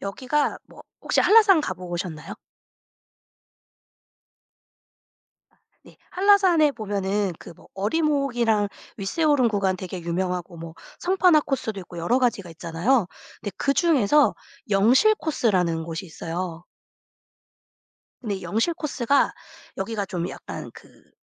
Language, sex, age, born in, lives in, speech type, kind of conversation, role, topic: Korean, female, 40-44, South Korea, United States, conversation, podcast, guest, 단풍철에 꼭 가는 장소가 있나요?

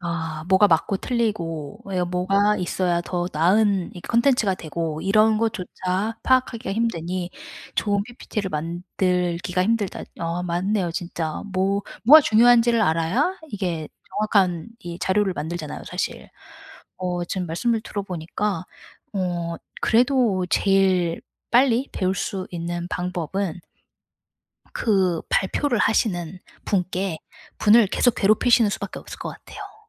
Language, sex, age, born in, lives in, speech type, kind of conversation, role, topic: Korean, female, 40-44, United States, United States, conversation, advice, advisor, 내 핵심 역량은 무엇이며 어떻게 더 강화할 수 있을까요?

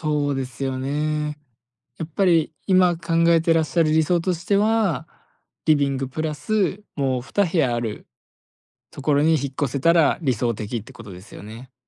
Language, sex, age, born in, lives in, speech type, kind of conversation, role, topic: Japanese, male, 20-24, Japan, Japan, conversation, advice, advisor, 住まいや生活環境を変えるべきか迷っている理由は何ですか？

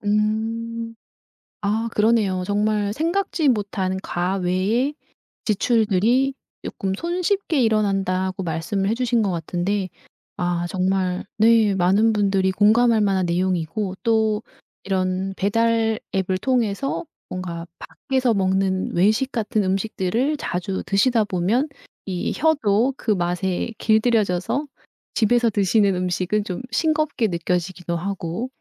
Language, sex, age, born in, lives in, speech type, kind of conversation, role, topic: Korean, female, 55-59, South Korea, South Korea, conversation, podcast, host, 배달 앱은 우리 생활을 어떻게 바꿨다고 느껴요?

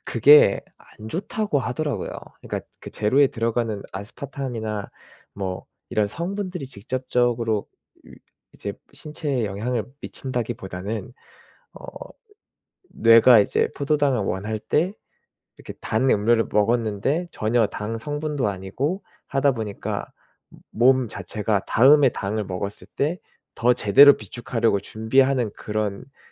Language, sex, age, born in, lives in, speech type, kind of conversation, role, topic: Korean, male, 30-34, South Korea, South Korea, conversation, unstructured, none, 단 음식과 짠 음식 중 어느 쪽을 더 좋아하시나요?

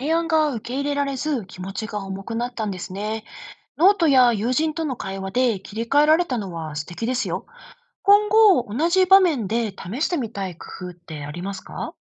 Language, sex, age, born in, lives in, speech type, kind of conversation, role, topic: Japanese, female, 35-39, Japan, Japan, read, expert-assistant, expert, 職場で伝統と自分らしさはどう両立できますか？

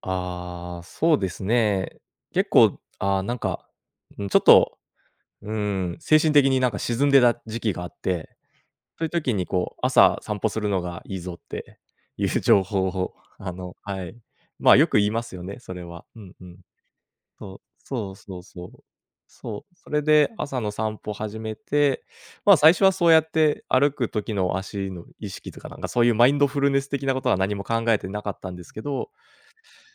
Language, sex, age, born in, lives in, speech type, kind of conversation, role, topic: Japanese, male, 30-34, Japan, Japan, conversation, podcast, guest, 外でマインドフルネスを習慣にする秘訣は何ですか？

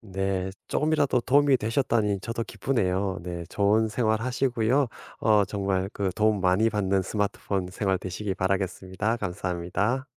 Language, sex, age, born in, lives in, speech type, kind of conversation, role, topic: Korean, male, 50-54, South Korea, United States, conversation, advice, advisor, 스마트폰과 소셜 미디어 사용으로 시간을 빼앗기고 계신가요?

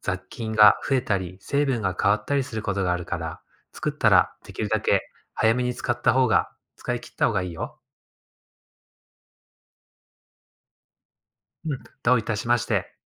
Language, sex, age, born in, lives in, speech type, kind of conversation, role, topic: Japanese, male, 35-39, Japan, Japan, read, expert-assistant, expert, どうしてシャボン玉は丸い形になるのですか？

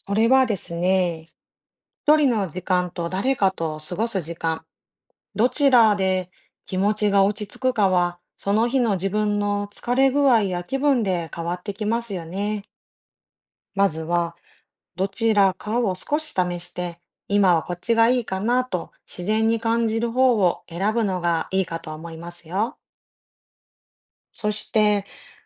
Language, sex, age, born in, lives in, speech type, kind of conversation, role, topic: Japanese, female, 40-44, Japan, Japan, read, expert-assistant, expert, どうして人は未来に希望を持つのか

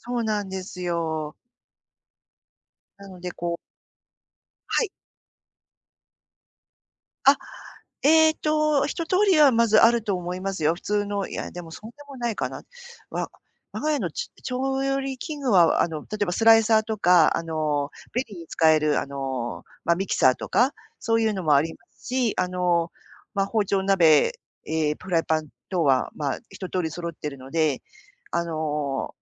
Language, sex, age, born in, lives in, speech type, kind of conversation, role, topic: Japanese, female, 50-54, Japan, Japan, conversation, advice, user, 限られた時間で健康的な料理を作るにはどうすればいいですか？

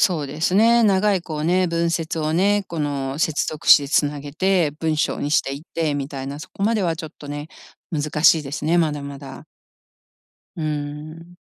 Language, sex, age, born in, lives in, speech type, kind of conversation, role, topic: Japanese, female, 55-59, Japan, United States, conversation, advice, user, モチベーションを維持しながら、計画を着実に実行するにはどうすればよいですか？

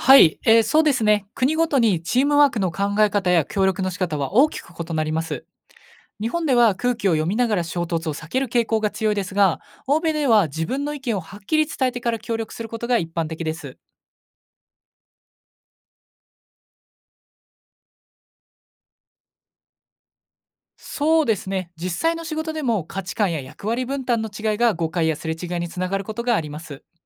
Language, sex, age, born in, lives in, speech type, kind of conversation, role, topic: Japanese, male, 20-24, Japan, Japan, read, expert-assistant, expert, 会社で『チームワーク』の考え方は国によってどう違うのでしょうか？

